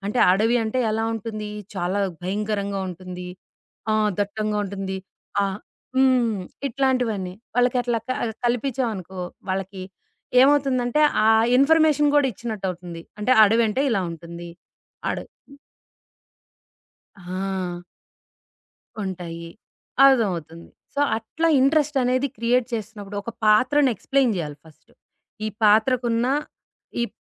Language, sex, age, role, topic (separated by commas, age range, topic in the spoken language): Telugu, female, 40-44, guest, ఒక కథను ప్రారంభించేటప్పుడు మీరు మొదట ఏ అడుగు వేస్తారు?